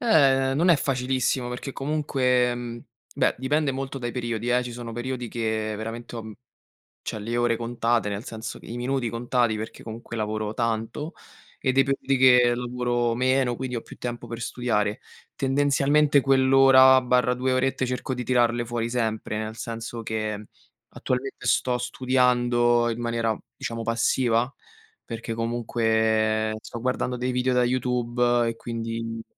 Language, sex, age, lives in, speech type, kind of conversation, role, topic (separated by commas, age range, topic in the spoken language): Italian, male, 25-29, Italy, conversation, podcast, guest, Come trovi il tempo per studiare con mille impegni?